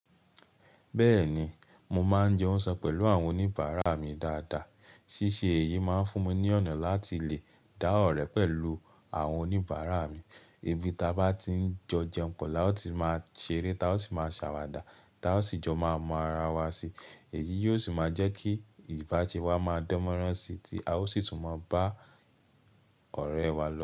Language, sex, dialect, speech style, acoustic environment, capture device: Yoruba, male, Nigeria - Nigerian Standard, relaxed, silence, mobile / Linux / Chrome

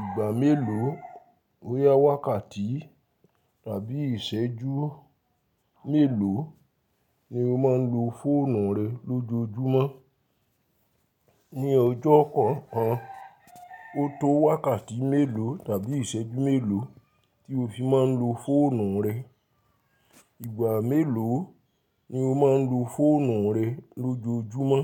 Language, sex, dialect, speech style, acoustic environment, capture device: Yoruba, male, Nigeria - Ibadan, happy, silence, mobile / Linux / Chrome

